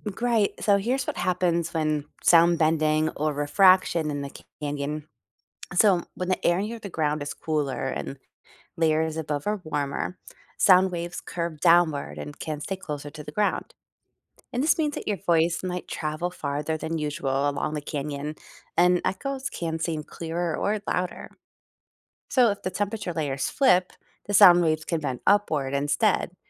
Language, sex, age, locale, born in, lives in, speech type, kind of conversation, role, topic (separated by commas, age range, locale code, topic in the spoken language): English, female, 40-44, en-US, United States, United States, read, expert-assistant, expert, What causes echoes in a canyon?